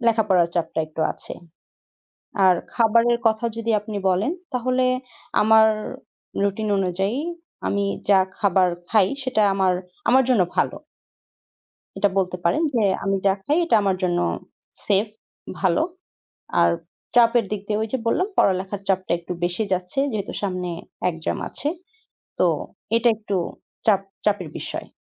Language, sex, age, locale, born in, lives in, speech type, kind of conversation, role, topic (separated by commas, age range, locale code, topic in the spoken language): Bengali, female, 20-24, bn-BD, Bangladesh, Bangladesh, conversation, advice, user, অনিশ্চয়তার মধ্যে আমি কীভাবে শান্ত ও স্থির থাকতে পারি?